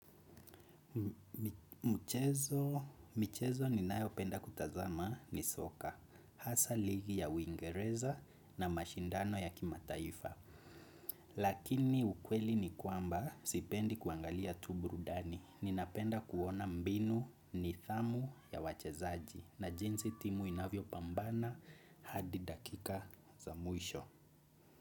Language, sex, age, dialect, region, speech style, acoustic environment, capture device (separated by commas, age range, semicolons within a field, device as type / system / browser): Swahili, male, 25-34, Kenya - Nairobi Sheng-influenced, Kenya, excited, music, desktop / Windows / Chrome